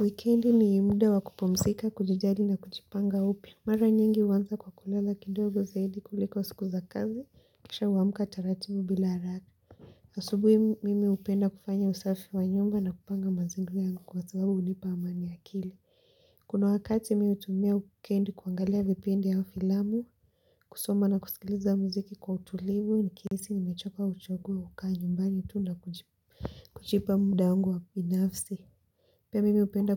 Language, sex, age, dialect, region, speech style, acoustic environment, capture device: Swahili, female, 18-24, Kenya - Mombasa, Kenya, relaxed, people talking; silence, mobile / Linux / Chrome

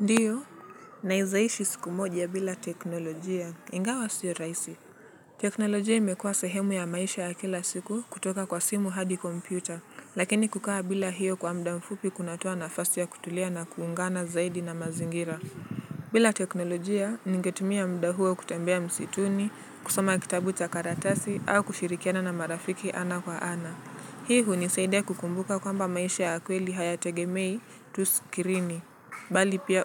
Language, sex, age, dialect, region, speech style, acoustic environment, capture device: Swahili, male, 18-24, Kenya - Nairobi Sheng-influenced, Kenya, relaxed, nature; traffic, mobile / Linux / Chrome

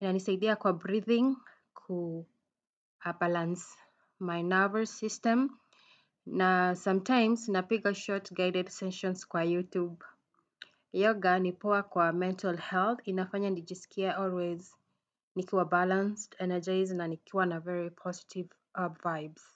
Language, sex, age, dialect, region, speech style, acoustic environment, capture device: Swahili, female, 35-44, Kenya - Nairobi Sheng-influenced, Kenya, happy, people talking; music; traffic, desktop / Windows / Chrome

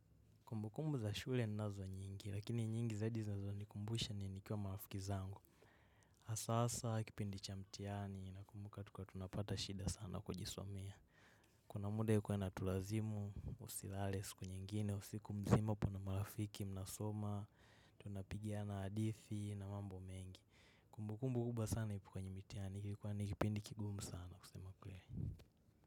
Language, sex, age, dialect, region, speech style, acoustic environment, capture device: Swahili, male, 18-24, Kenya - Nairobi Sheng-influenced, Kenya, relaxed, nature, mobile / macOS / Safari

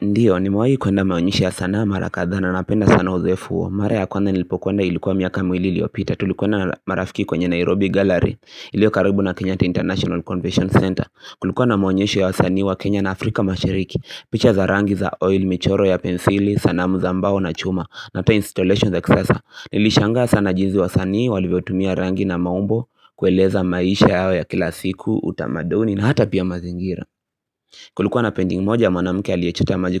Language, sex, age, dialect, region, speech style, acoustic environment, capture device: Swahili, male, 18-24, Kenya - Nairobi Sheng-influenced, Kenya, happy; focused, silence, mobile / Linux / Chrome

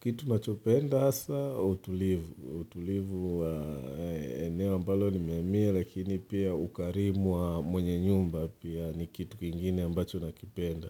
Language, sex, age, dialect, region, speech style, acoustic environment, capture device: Swahili, male, 35-44, Tanzania - Dar es Salaam, Tanzania, relaxed, silence, mobile / Linux / Chrome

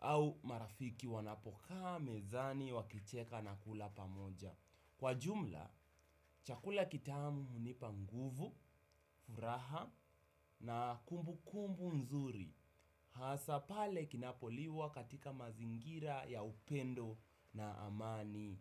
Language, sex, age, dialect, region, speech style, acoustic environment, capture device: Swahili, male, 18-24, Kenya - Nairobi Sheng-influenced, Kenya, happy; relaxed; focused; excited, people talking; music; nature; silence; appliances; construction; traffic, desktop / Windows / Chrome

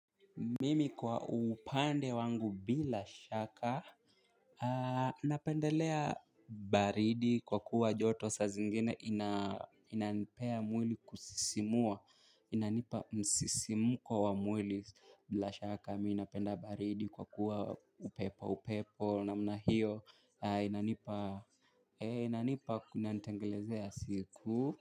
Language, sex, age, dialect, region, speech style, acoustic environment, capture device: Swahili, male, 18-24, Kenya - Mombasa, Kenya, happy, silence, mobile / Linux / Chrome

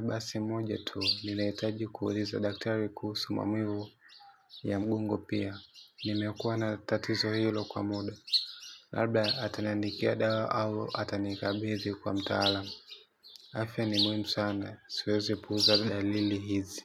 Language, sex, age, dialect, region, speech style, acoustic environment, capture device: Swahili, male, 18-24, Tanzania - Dar es Salaam, Tanzania, happy; relaxed; focused, silence, mobile / Linux / Chrome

